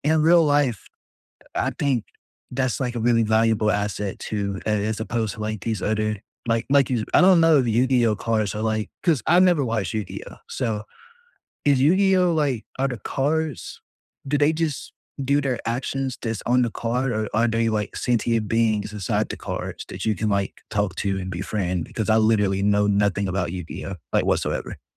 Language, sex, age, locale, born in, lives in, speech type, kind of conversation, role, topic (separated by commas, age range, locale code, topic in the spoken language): English, male, 20-24, en-US, United States, United States, conversation, unstructured, none, If you could step into any fictional world for a day, which would you choose and why?